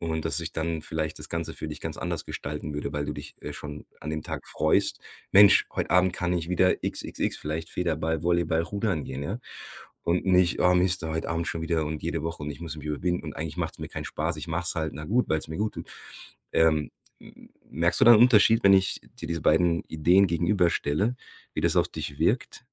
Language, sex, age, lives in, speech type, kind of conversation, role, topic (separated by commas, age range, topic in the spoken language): German, male, 40-44, Germany, conversation, advice, advisor, Wie kann ich am besten damit anfangen, mehr Bewegung in meinen Tagesablauf einzubauen?